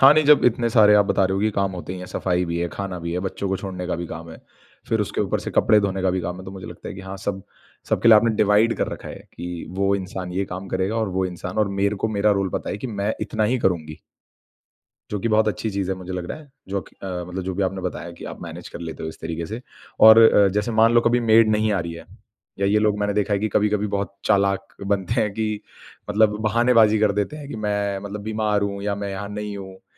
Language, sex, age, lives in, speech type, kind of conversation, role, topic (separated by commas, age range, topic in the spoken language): Hindi, male, 25-29, India, conversation, podcast, host, Tumhare yahan ghar ke kaam baantne ka system kaisa hai?